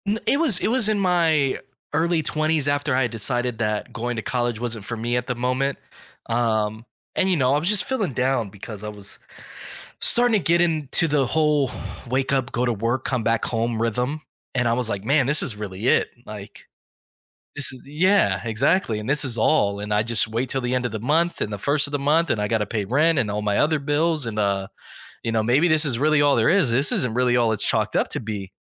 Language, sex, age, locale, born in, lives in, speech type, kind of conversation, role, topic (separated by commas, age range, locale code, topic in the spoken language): English, male, 35-39, en-US, United States, United States, conversation, podcast, guest, What important lesson has had the biggest impact on your life?